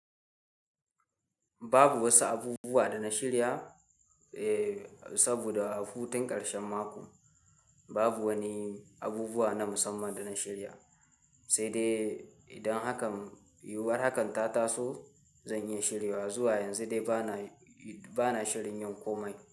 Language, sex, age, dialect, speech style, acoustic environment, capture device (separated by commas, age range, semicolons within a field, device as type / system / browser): Hausa, male, 18-24, Nigeria - Kano, tired; happy, nature, mobile / Linux / Chrome